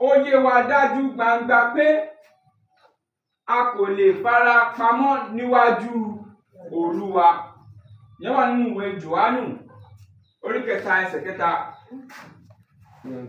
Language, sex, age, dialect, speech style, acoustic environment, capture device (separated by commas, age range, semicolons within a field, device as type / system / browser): Yoruba, male, 25-34, Nigeria - Lagos Yoruba, relaxed, people talking, mobile / Linux / Chrome